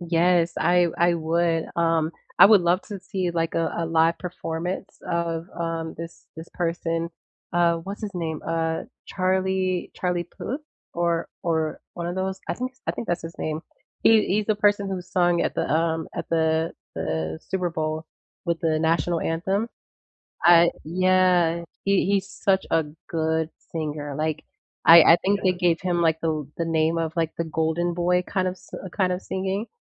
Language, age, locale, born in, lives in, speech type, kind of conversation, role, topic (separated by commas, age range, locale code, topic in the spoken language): English, 18-19, en-US, United States, United States, conversation, unstructured, none, What live theater experiences have given you goosebumps?